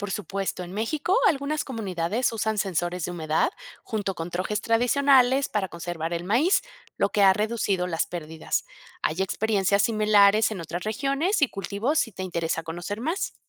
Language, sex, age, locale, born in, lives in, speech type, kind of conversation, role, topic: Spanish, female, 50-54, es-MX, Mexico, Mexico, read, expert-assistant, expert, ¿Cómo podríamos combinar tecnología y tradiciones locales para mejorar la seguridad alimentaria en comunidades rurales?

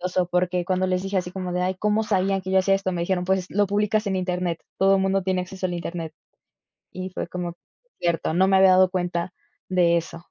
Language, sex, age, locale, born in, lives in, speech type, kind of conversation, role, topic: Spanish, female, 20-24, es-MX, Mexico, Mexico, conversation, advice, user, ¿Cómo te impide el miedo al juicio de los demás compartir o poner en práctica tus ideas?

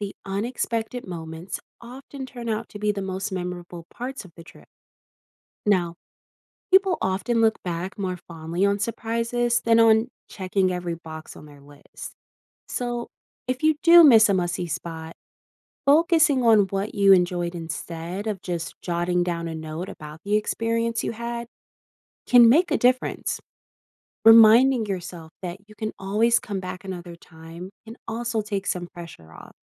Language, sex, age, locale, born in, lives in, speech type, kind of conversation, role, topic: English, female, 20-24, en-US, United States, United States, read, expert-assistant, expert, How much should you plan a trip in advance versus leaving room for spontaneous adventures?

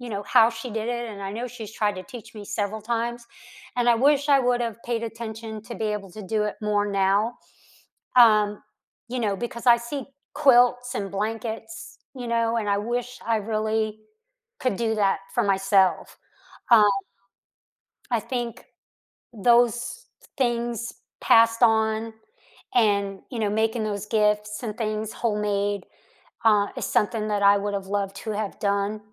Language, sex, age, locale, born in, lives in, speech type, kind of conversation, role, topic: English, female, 55-59, en-US, United States, United States, conversation, unstructured, none, Which childhood games or hobbies would you like to bring back into your adult life, and why now?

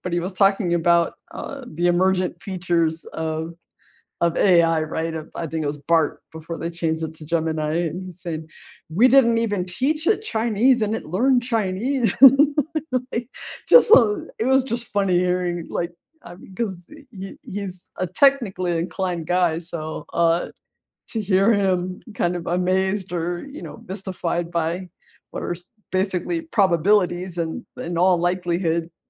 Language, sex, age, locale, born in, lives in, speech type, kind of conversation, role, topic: English, female, 45-49, en-US, United States, United States, conversation, unstructured, none, What was the last thing you learned online that surprised you?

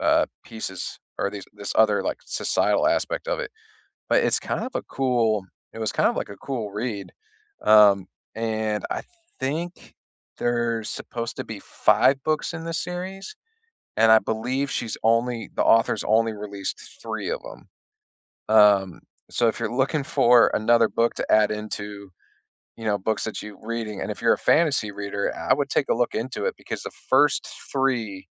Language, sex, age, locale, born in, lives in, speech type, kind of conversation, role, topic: English, male, 35-39, en-US, United States, United States, conversation, unstructured, none, Which weekend rituals leave you feeling recharged, and how do they set you up for the week?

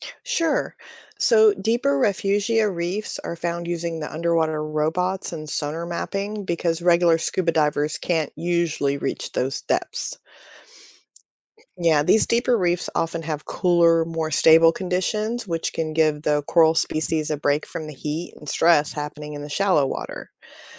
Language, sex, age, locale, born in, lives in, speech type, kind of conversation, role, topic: English, female, 45-49, en-US, United States, United States, read, expert-assistant, expert, How do coral reefs form and why are they important?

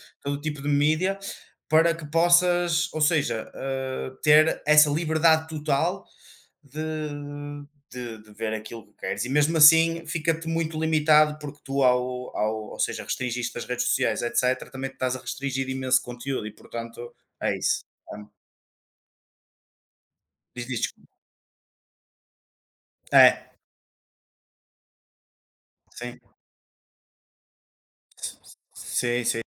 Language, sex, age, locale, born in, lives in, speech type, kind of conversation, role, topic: Portuguese, male, 25-29, pt-PT, Portugal, Portugal, conversation, unstructured, none, Você já teve medo de perder a liberdade de escolher o que quer assistir?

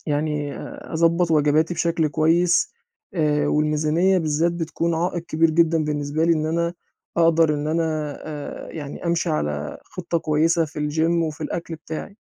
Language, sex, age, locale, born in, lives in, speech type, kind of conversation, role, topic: Arabic, male, 20-24, ar-EG, Egypt, Egypt, conversation, advice, user, إزاي أختار أكل صحي وأنا ميزانيتي محدودة؟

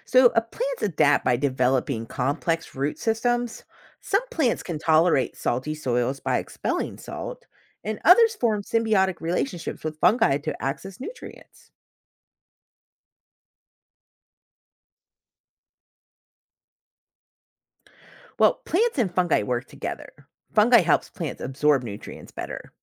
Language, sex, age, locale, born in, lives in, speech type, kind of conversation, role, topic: English, female, 40-44, en-US, United States, United States, read, expert-assistant, expert, How do plants adapt to their environment?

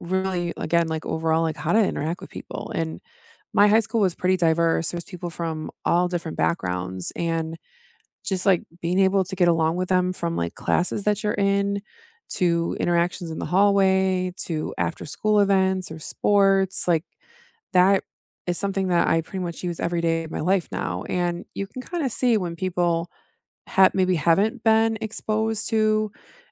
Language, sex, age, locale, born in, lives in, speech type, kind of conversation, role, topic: English, female, 35-39, en-US, United States, United States, conversation, unstructured, none, What is the most genuinely useful lesson you learned in school, and how has it shaped your life?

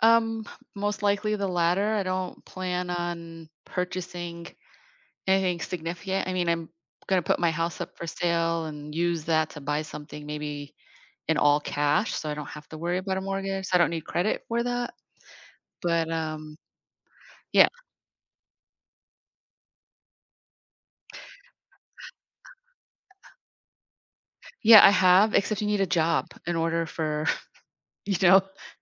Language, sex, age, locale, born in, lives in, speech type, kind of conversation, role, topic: English, female, 50-54, en-US, United States, United States, conversation, advice, user, How can I manage mounting bills and reduce the financial anxiety I'm facing?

